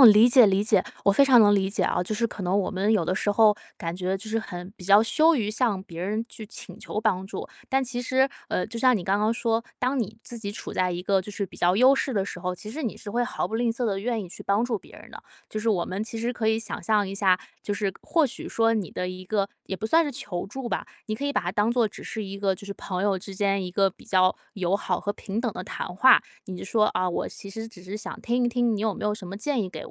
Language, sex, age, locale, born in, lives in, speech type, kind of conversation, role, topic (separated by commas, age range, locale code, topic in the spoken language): Chinese, female, 25-29, zh-CN, China, United States, conversation, advice, advisor, 我总是忍不住拿自己和朋友比较，该怎么办？